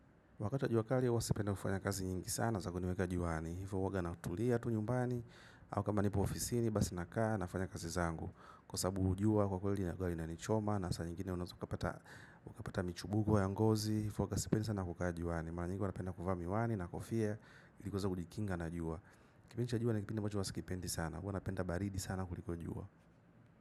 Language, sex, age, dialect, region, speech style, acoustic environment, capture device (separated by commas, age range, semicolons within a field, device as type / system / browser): Swahili, male, 25-34, Tanzania - Dar es Salaam, Tanzania, happy; excited; focused; relaxed, silence, mobile / macOS / Safari